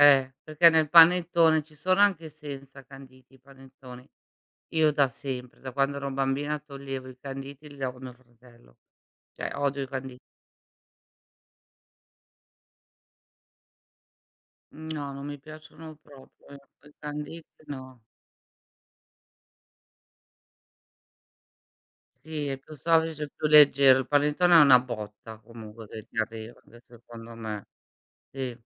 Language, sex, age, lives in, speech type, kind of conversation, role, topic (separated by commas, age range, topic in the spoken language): Italian, female, 55-59, Italy, conversation, unstructured, none, Qual è il ruolo del cibo nei tuoi momenti di festa?